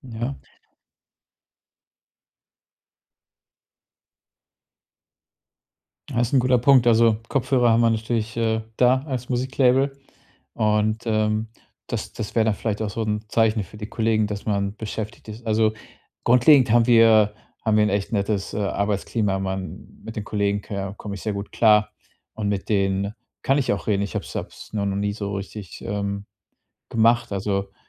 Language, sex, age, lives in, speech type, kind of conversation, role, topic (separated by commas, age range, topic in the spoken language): German, male, 35-39, Germany, conversation, advice, user, Wie kann ich Ablenkungen bei wichtigen Aufgaben reduzieren?